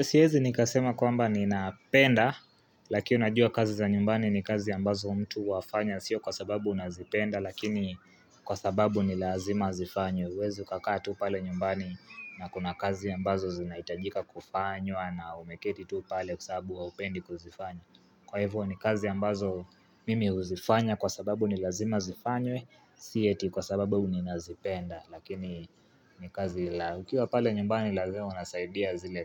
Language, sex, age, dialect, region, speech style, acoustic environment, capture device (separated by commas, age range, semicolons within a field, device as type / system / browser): Swahili, male, 18-24, Kenya - Nairobi Sheng-influenced, Kenya, relaxed, nature; people talking, mobile / Linux / Chrome